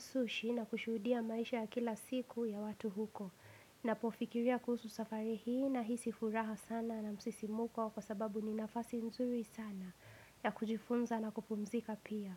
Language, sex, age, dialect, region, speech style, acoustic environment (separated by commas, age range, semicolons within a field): Swahili, female, 18-24, Kenya - Nairobi Sheng-influenced, Kenya, relaxed, nature